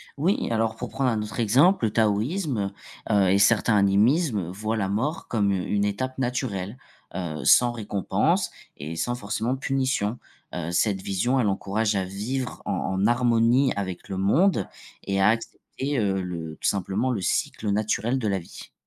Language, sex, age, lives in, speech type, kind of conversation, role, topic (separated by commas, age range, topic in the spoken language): French, male, 25-29, France, read, expert-assistant, expert, Comment les religions influencent-elles notre vision de la vie après la mort ?